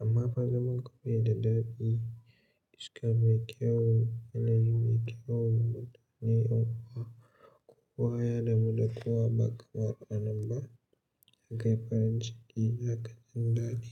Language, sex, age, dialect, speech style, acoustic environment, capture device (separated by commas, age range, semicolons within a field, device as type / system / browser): Hausa, male, 18-24, Nigeria - Katsina, happy, silence; nature, mobile / Linux / Chrome